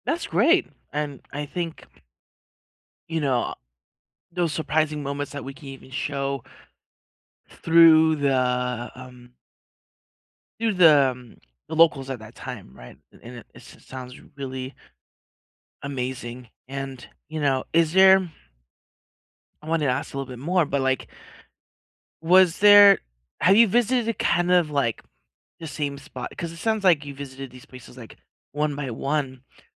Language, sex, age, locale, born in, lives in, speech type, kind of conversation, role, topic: English, male, 30-34, en-US, United States, United States, conversation, unstructured, none, How do you like exploring a city—by train, bus, or bike—and what makes it special to you?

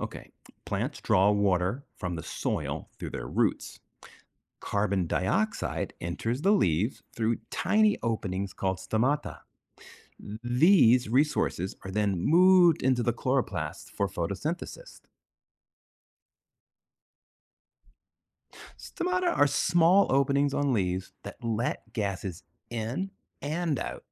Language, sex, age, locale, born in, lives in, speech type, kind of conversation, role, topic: English, male, 50-54, en-US, United States, United States, read, expert-assistant, expert, What is the process of photosynthesis in plants?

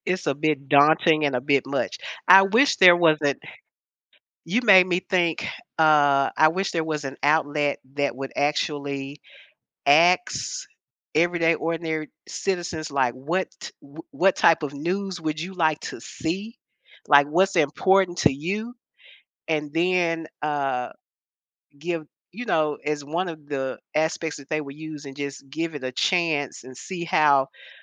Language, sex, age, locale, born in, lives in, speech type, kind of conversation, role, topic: English, female, 70-74, en-US, United States, United States, conversation, podcast, host, Why do news stories about the same event look so different around the world?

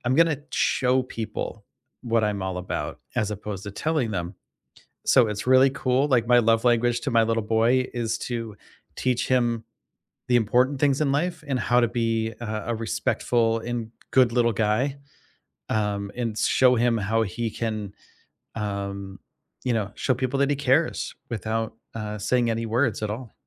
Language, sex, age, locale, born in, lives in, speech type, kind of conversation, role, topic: English, male, 45-49, en-US, United States, United States, conversation, unstructured, none, How can you put your love languages into action in everyday life?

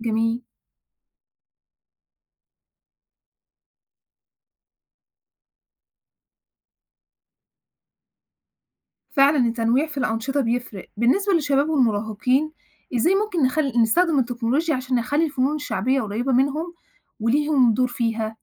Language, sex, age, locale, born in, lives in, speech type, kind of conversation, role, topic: Arabic, female, 25-29, ar-EG, Egypt, Egypt, read, expert-assistant, asker, إزاي ممكن نستغل التكنولوجيا علشان نحيي الفنون الشعبية المصرية ونوصّلها للعالم كله؟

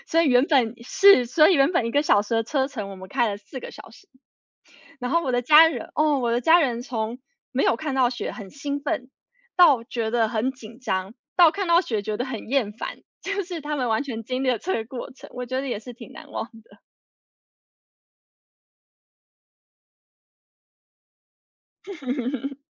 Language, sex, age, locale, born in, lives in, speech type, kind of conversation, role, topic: Chinese, female, 30-34, zh-TW, United States, United States, conversation, unstructured, none, 你觉得什么样的旅行最让人难忘？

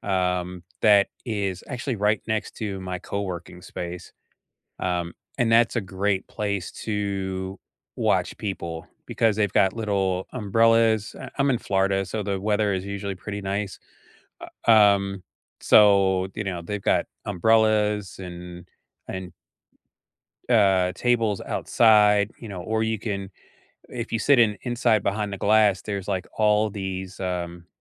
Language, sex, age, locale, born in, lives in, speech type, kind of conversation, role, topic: English, male, 50-54, en-US, United States, United States, conversation, unstructured, none, What is your go-to coffee shop for people-watching?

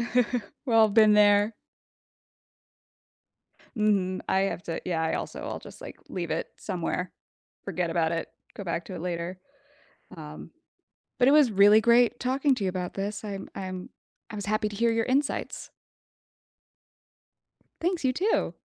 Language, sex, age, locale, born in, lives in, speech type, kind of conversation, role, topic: English, female, 25-29, en-US, United States, United States, conversation, podcast, host, How do you incorporate stress management into your everyday life?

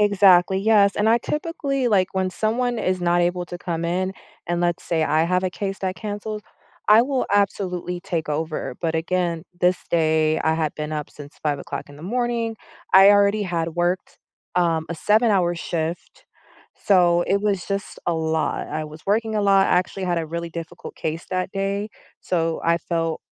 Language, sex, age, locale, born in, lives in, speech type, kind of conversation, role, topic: English, female, 30-34, en-US, United States, United States, conversation, advice, user, How can I stop worrying that I'm not meeting expectations at work?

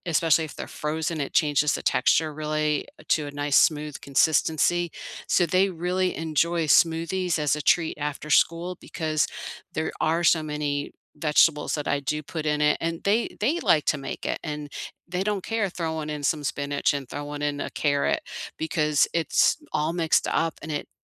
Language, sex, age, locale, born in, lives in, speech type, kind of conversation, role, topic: English, female, 55-59, en-US, United States, United States, conversation, unstructured, none, What is one small, doable goal you’re excited to pursue this season, and why does it matter to you?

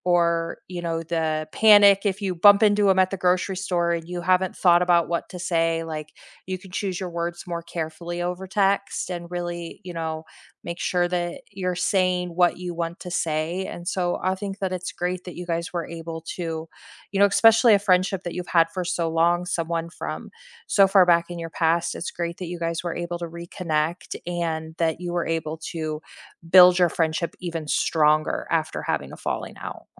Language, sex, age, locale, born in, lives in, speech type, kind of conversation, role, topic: English, female, 40-44, en-US, United States, United States, conversation, unstructured, none, How often do you check in with friends, what prompts you to do it, and what makes those check-ins meaningful for both of you?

